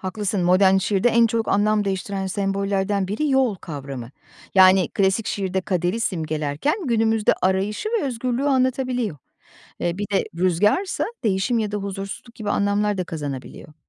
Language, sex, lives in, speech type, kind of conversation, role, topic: Turkish, female, Poland, read, expert-assistant, expert, Bazı şiirlerde geçen sembollerin neyi anlattığı konusunda kafam karışıyor; bu sembollerin anlamı nasıl anlaşılır?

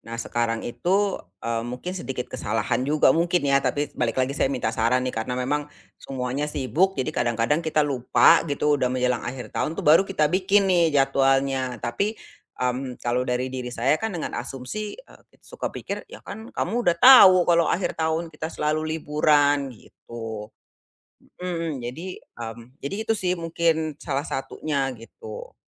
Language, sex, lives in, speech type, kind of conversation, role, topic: Indonesian, female, Indonesia, conversation, advice, user, Bagaimana cara mengatur waktu saat bepergian agar bisa menikmati liburan tanpa tergesa-gesa?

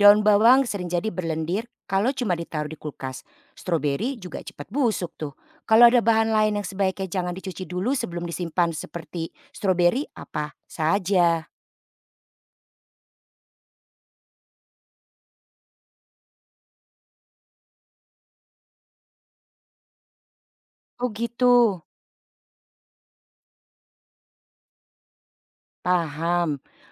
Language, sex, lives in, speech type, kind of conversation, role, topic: Indonesian, female, Netherlands, read, expert-assistant, asker, Apa saja kebiasaan penting yang bisa dilakukan sehari-hari untuk menjaga keamanan makanan keluarga?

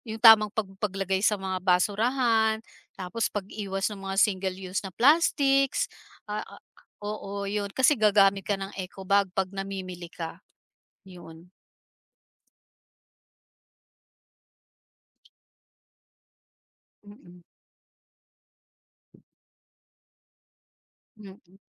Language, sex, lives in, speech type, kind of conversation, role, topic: Filipino, female, Philippines, conversation, podcast, guest, Ano ang puwedeng gawin ng isang pamilya para maging mas makakalikasan?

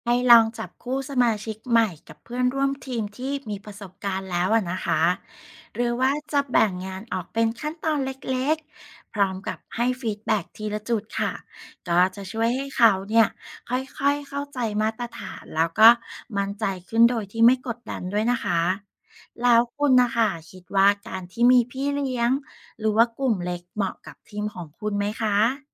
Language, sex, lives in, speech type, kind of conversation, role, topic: Thai, female, Thailand, read, expert-assistant, expert, ถ้ามีงานด่วนกับงานสำคัญเข้ามาพร้อมกัน คุณจะจัดลำดับความสำคัญอย่างไรให้ทุกอย่างลงตัว?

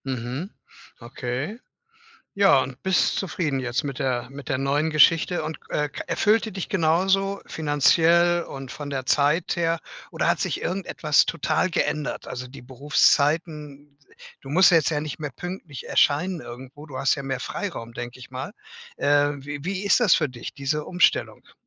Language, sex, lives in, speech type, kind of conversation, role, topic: German, male, Germany, conversation, podcast, host, Wie kam es zu deinem Berufswechsel?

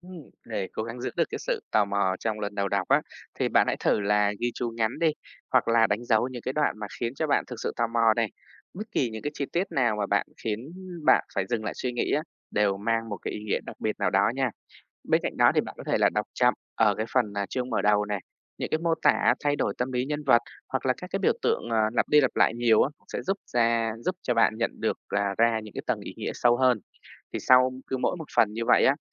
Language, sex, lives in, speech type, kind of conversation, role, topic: Vietnamese, female, Vietnam, read, expert-assistant, expert, Làm sao để đọc truyện kinh điển mà không cảm thấy quá khó hiểu hay nhàm chán?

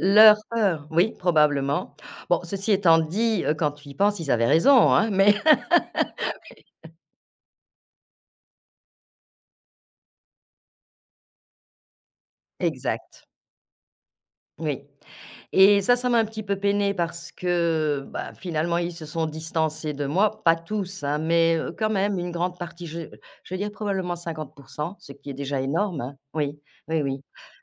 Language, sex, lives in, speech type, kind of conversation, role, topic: French, female, France, conversation, podcast, guest, Quelle décision difficile a vraiment changé ta vie ?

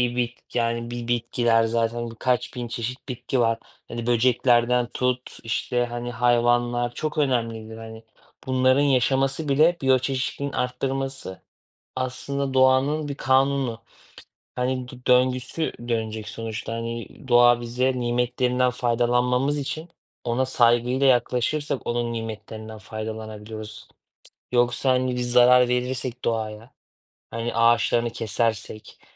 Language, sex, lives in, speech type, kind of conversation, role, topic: Turkish, male, Germany, conversation, unstructured, none, Ormanların yok olması bizi nasıl etkiler?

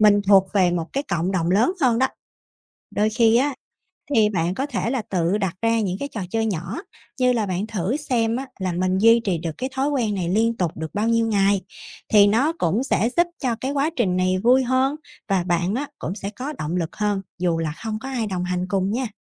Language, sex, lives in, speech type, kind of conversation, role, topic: Vietnamese, female, Vietnam, read, expert-assistant, expert, Làm thế nào để vượt qua cảm giác thất vọng khi không đạt được mục tiêu?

